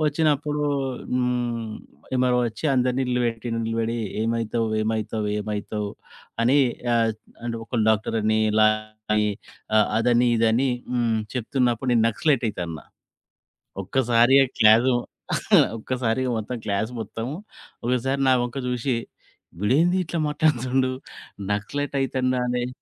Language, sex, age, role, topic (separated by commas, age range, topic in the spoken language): Telugu, male, 40-44, guest, నీ ఆశయాలు కాలంతో ఎలా మారతాయి?